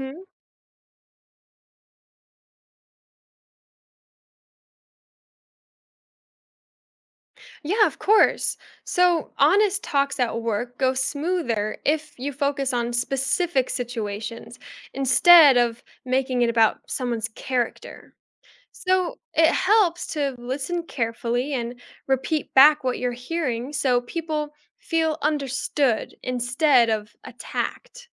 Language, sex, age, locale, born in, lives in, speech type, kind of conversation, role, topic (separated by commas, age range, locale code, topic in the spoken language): English, female, 20-24, en-US, United States, United States, read, expert-assistant, expert, What would the world be like if everyone was always honest about their feelings?